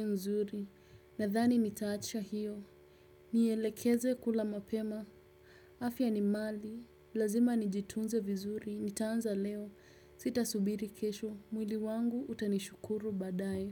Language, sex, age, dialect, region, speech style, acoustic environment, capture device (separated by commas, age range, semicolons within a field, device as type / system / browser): Swahili, female, 18-24, Kenya - Nairobi Sheng-influenced, Kenya, relaxed, people talking, desktop / Windows / Chrome